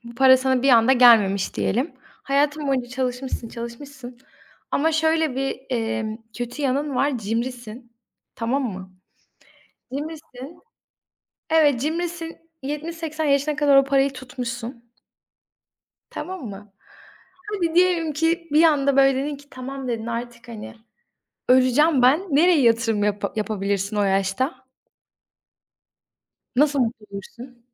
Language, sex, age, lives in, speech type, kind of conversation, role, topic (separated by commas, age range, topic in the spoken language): Turkish, female, 20-24, Poland, conversation, unstructured, none, Para ile mutluluk arasında nasıl bir ilişki var?